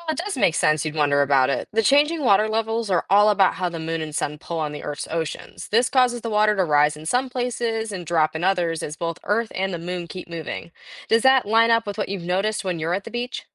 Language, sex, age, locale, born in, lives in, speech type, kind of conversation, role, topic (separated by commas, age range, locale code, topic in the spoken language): English, female, 18-19, en-US, United States, United States, read, expert-assistant, expert, What causes ocean tides and how do they change?